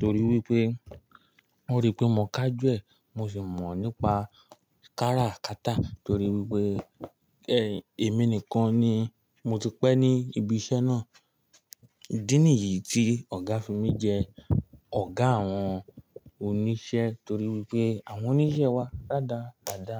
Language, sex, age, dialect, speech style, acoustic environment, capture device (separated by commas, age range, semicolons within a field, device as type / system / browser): Yoruba, male, 18-24, Nigeria - Ibadan, happy, nature, mobile / Linux / Chrome